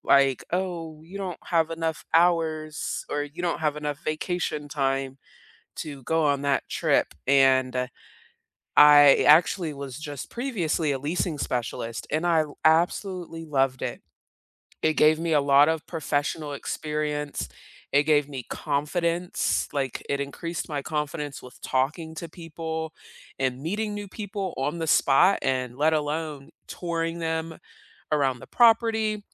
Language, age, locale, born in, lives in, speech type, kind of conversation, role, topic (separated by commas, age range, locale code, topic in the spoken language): English, 30-34, en-US, South Korea, United States, conversation, unstructured, none, Beyond salary, which benefits truly matter to you, and what experiences shaped those priorities?